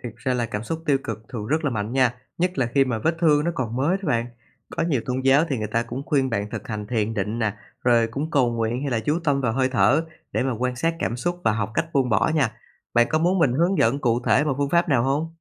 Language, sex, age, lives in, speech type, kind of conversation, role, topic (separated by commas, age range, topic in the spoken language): Vietnamese, male, 25-29, Vietnam, read, expert-assistant, expert, Nếu muốn hiểu về các ý nghĩa sâu xa của tôn giáo, bạn thường tìm thông tin ở đâu là đáng tin cậy nhất?